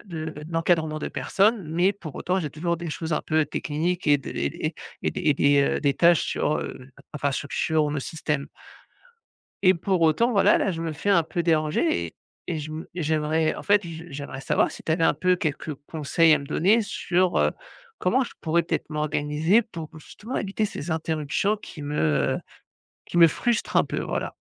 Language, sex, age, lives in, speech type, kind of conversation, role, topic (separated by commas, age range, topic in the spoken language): French, male, 35-39, France, conversation, advice, user, Comment décririez-vous les interruptions fréquentes au bureau ou à la maison ?